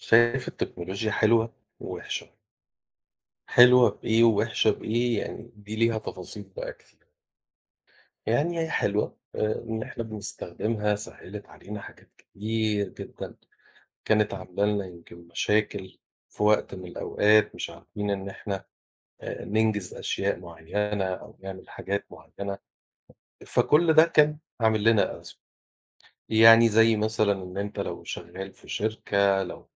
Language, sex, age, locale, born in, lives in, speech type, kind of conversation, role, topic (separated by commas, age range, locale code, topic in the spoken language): Arabic, male, 40-44, ar-EG, Egypt, Portugal, conversation, unstructured, none, إيه رأيك في تأثير التكنولوجيا على تواصل الناس مع بعض؟